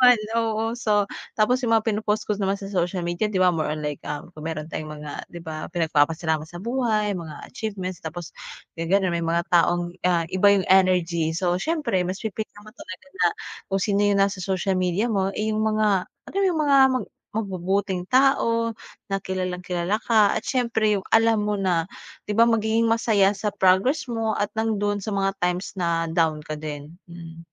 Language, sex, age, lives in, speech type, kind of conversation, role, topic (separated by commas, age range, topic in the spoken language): Filipino, female, 25-29, Philippines, conversation, podcast, guest, Paano mo pinangangalagaan ang kalusugang pangkaisipan habang aktibo ka sa social media?